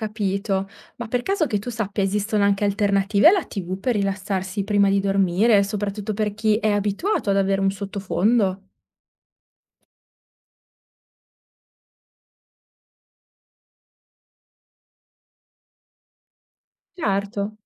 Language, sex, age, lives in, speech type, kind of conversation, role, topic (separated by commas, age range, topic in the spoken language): Italian, female, 30-34, Italy, read, expert-assistant, asker, Come posso capire se quello che guardo in TV mi fa davvero bene o solo mi fa passare il tempo?